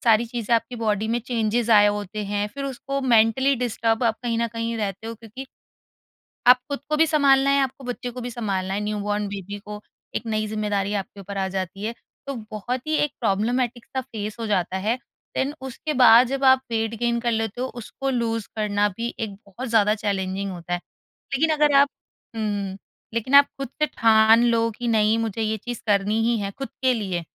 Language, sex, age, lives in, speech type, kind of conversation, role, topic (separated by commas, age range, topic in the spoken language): Hindi, female, 30-34, India, conversation, podcast, guest, Aapne rozana vyayam ko apni aadat kaise banaya?